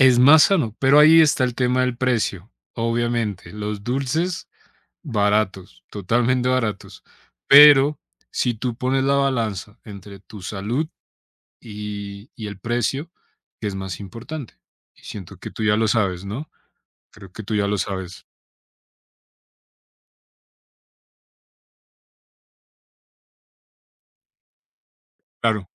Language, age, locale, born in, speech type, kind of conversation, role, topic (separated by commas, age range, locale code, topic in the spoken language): Spanish, 30-34, es-CO, Colombia, conversation, advice, advisor, ¿Cómo puedo manejar los antojos nocturnos frecuentes?